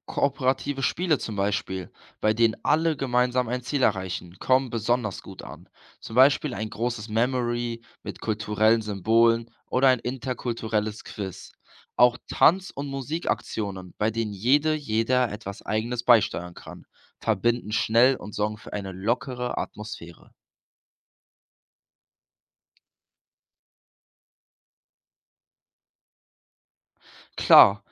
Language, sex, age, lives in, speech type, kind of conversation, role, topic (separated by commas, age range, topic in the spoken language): German, male, 18-19, Germany, read, expert-assistant, expert, Wie könnten Feiertage aus verschiedenen Religionen neue Ideen für gemeinsames Feiern in unserer Gesellschaft bringen?